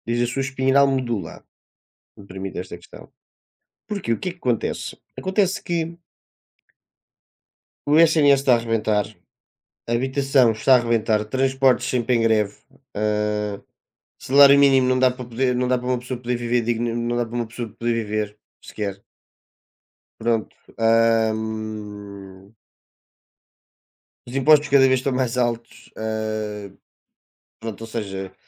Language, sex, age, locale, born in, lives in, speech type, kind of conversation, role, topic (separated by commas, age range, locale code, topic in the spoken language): Portuguese, male, 20-24, pt-PT, Portugal, Portugal, conversation, unstructured, none, O que mais te irrita na política hoje?